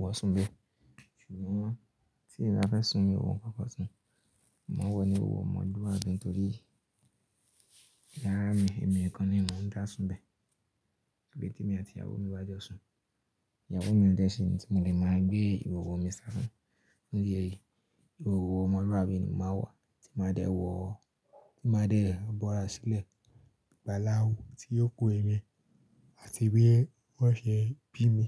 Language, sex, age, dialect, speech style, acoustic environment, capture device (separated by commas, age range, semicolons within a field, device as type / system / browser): Yoruba, male, 25-34, Nigeria - Ibadan, relaxed; focused, silence, mobile / Linux / Chrome